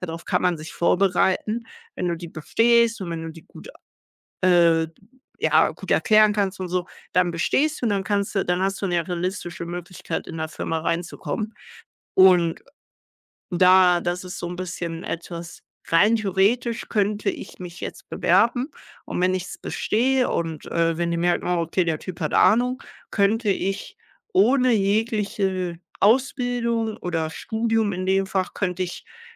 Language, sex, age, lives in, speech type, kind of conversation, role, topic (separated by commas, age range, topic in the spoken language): German, male, 18-19, Germany, conversation, unstructured, none, Wie gehst du im Alltag mit Stress um?